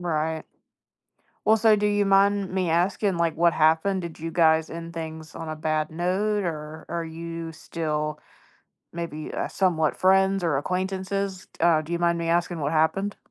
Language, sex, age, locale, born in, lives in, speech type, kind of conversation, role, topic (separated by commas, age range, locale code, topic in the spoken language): English, female, 20-24, en-US, United States, United States, conversation, advice, advisor, How can I regain a sense of direction and purpose after a breakup?